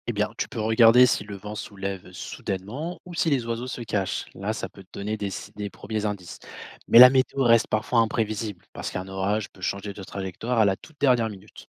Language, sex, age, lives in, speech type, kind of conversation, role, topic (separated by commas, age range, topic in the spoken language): French, male, 25-29, France, read, expert-assistant, expert, Quelles astuces pour s’y retrouver dans les prévisions météo quand on veut planifier un week-end ?